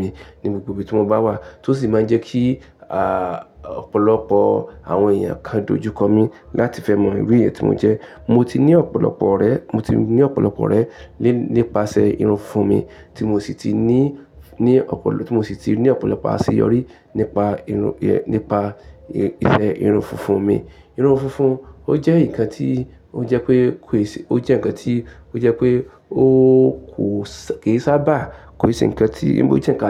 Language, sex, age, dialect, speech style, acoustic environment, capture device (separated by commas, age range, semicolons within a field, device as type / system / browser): Yoruba, male, 35-44, Nigeria - Ibadan, relaxed, silence, mobile / Linux / Chrome